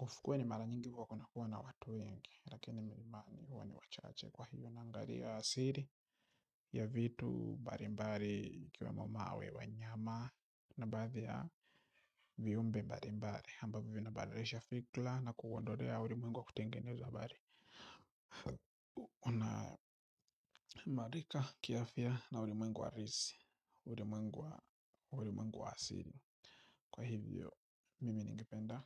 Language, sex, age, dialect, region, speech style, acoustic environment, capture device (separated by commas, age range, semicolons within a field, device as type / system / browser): Swahili, male, 25-34, Tanzania - Dar es Salaam, Tanzania, relaxed; focused; happy, nature, mobile / Linux / Chrome